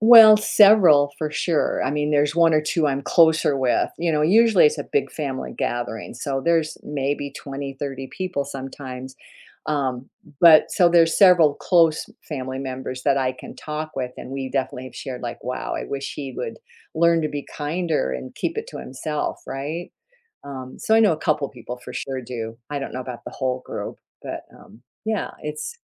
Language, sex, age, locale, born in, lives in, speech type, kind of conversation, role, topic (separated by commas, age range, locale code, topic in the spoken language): English, female, 70-74, en-US, United States, United States, conversation, advice, user, How can I set boundaries and stay calm when a family member is rude at gatherings?